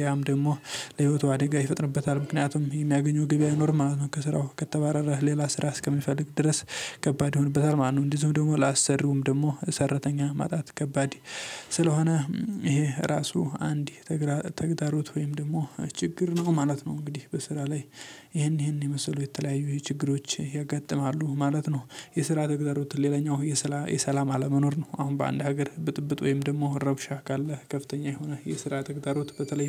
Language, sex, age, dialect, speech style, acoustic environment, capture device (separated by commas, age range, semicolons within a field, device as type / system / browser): Amharic, male, 18-24, Ethiopia - Addis Ababa, happy; focused, nature; silence, mobile / Linux / Chrome